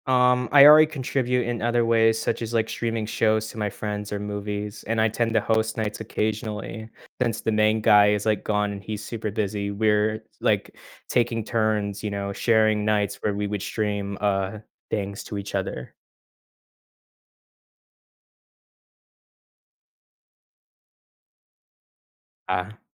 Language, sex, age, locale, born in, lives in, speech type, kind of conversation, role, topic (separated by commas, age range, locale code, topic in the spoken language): English, male, 18-19, en-US, United States, United States, conversation, advice, user, How can I recover professionally and rebuild my confidence after making an embarrassing mistake at work?